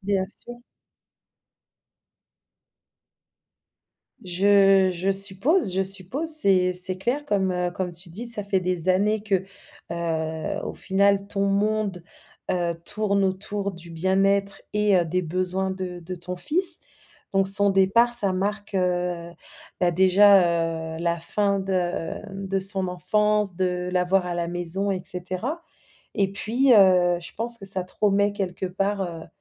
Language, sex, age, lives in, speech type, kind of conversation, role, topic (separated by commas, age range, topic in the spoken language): French, female, 30-34, France, conversation, advice, advisor, Comment gérer le sentiment d’inutilité lorsque vos enfants quittent la maison ?